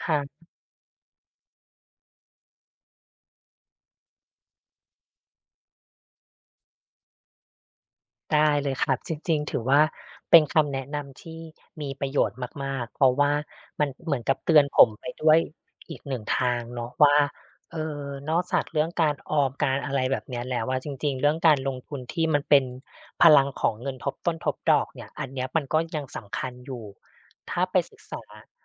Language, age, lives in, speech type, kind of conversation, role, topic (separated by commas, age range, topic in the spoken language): Thai, 35-39, Thailand, conversation, advice, user, ทำไมคุณถึงขาดแรงจูงใจในการทำตามเป้าหมายระยะยาว และคุณอยากแก้ไขอย่างไร?